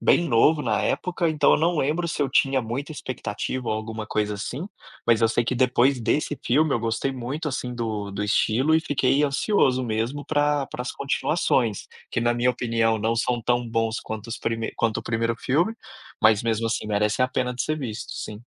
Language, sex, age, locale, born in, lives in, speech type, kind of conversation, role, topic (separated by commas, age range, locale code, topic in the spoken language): Portuguese, male, 30-34, pt-BR, Brazil, Spain, conversation, podcast, guest, Você pode me contar sobre um filme que mudou o seu jeito de ver as coisas?